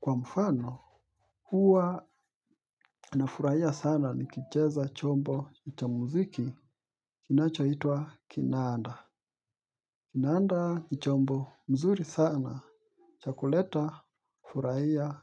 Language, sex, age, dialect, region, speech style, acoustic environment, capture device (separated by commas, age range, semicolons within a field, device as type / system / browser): Swahili, male, 25-34, Kenya - Mombasa, Kenya, relaxed, silence; people talking, mobile / Linux / Chrome